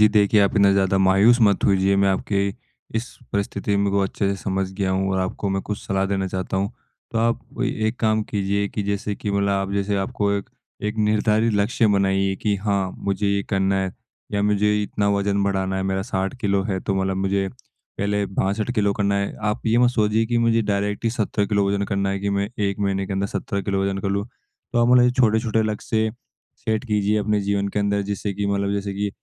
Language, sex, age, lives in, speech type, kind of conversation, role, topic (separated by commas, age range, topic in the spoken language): Hindi, male, 18-19, India, conversation, advice, advisor, प्रेरणा कम होने पर नियमित रूप से व्यायाम कैसे जारी रखें?